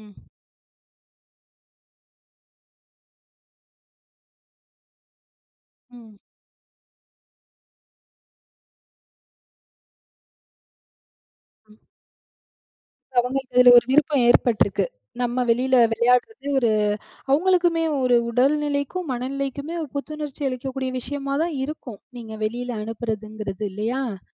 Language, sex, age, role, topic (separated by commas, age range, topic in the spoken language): Tamil, female, 35-39, host, குழந்தைகளின் திரை நேரத்தை எப்படிக் கட்டுப்படுத்தலாம்?